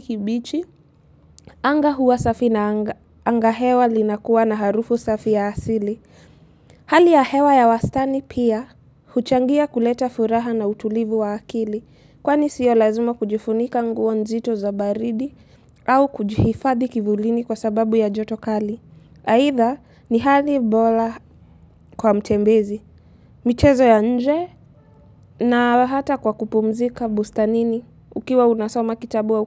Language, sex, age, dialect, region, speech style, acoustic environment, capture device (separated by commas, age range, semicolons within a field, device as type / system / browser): Swahili, female, 18-24, Kenya - Mombasa, Kenya, happy, nature, mobile / Linux / Chrome